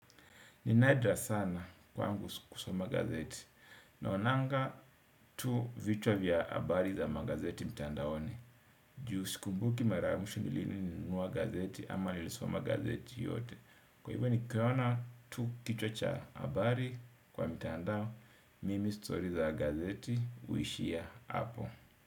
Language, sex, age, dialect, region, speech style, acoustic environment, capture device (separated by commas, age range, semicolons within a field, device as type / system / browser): Swahili, male, 35-44, Kenya - Mombasa, Kenya, happy, nature, desktop / Windows / Edge